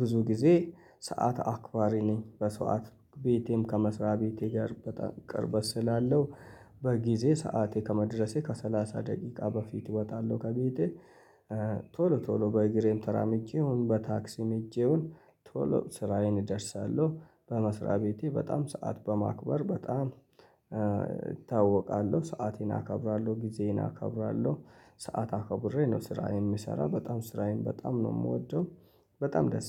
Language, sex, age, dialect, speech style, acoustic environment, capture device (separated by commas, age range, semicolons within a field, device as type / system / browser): Amharic, male, 25-34, Ethiopia - Addis Ababa, happy; relaxed; excited, people talking; nature, mobile / Linux / Chrome